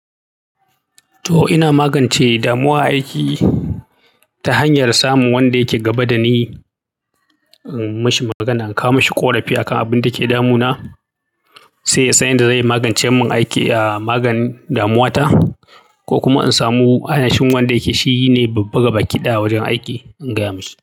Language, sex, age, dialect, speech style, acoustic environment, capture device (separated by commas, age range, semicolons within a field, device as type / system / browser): Hausa, male, 35-44, Nigeria - Kano, happy, silence, mobile / Linux / Chrome